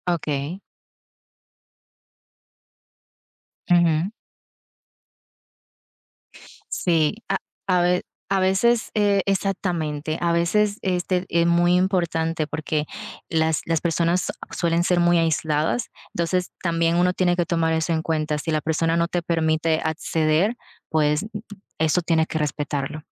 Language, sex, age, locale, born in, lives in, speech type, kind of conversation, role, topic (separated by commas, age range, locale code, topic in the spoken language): Spanish, female, 30-34, es-DO, Dominican Republic, United States, conversation, unstructured, none, ¿Cómo puedes hablar con alguien que ha perdido a un ser querido?